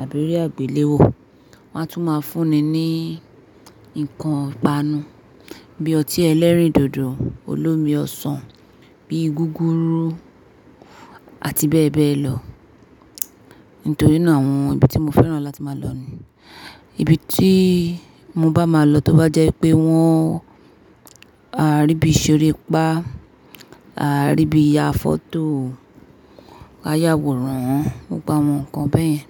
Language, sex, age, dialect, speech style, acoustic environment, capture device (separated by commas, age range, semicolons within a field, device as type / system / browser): Yoruba, female, 25-34, Nigeria - Nigerian Standard, focused, appliances, mobile / Linux / Chrome